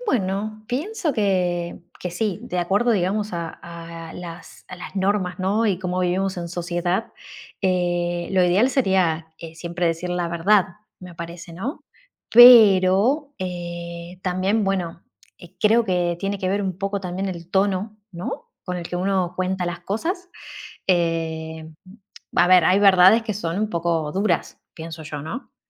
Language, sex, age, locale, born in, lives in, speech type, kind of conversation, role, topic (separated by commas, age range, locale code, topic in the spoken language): Spanish, female, 35-39, es-AR, Argentina, Netherlands, conversation, unstructured, none, ¿Crees que siempre es correcto decir la verdad?